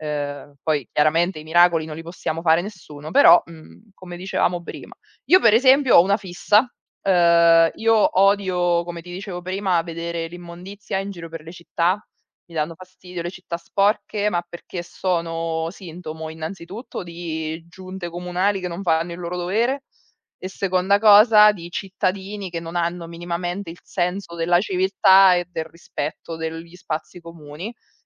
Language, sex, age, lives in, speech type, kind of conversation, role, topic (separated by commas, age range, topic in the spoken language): Italian, female, 35-39, Italy, conversation, unstructured, none, Quali piccoli gesti possiamo fare ogni giorno per proteggere l’ambiente?